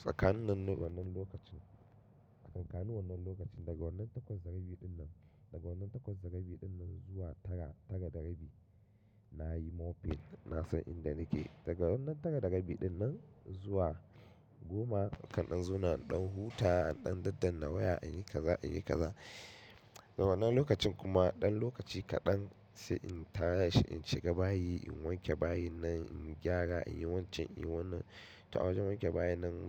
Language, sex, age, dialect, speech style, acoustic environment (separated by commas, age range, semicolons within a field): Hausa, male, 25-34, Nigeria - Katsina, happy, silence; nature